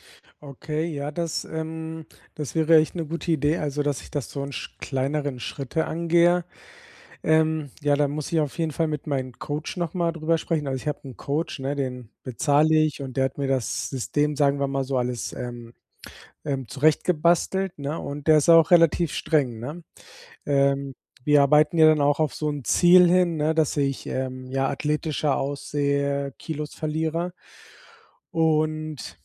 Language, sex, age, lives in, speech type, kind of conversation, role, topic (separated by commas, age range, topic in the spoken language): German, male, 40-44, Spain, conversation, advice, user, Wie kann ich Aufschub überwinden und langfristig motiviert bleiben?